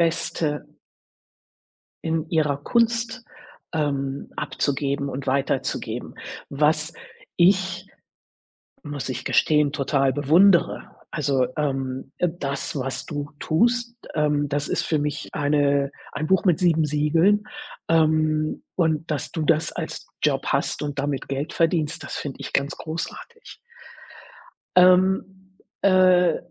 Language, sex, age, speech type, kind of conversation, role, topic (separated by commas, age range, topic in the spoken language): German, female, 60-64, conversation, advice, advisor, Wie blockiert dich Perfektionismus dabei, bei wichtigen Aufgaben voranzukommen?